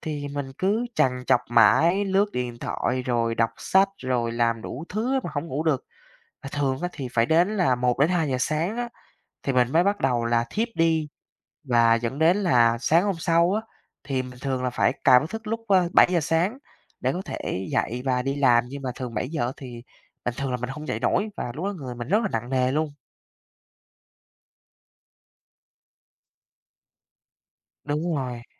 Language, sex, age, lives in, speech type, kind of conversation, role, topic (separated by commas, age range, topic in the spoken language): Vietnamese, male, 20-24, Vietnam, conversation, advice, user, Caffeine buổi chiều làm khó ngủ ban đêm